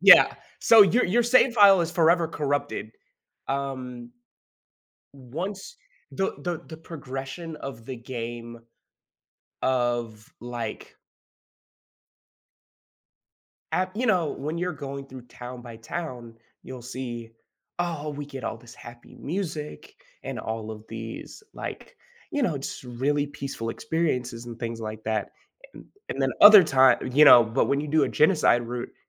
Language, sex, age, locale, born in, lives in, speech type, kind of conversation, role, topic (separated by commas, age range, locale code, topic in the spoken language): English, male, 20-24, en-US, United States, United States, conversation, unstructured, none, How does humor affect our enjoyment of entertainment and storytelling?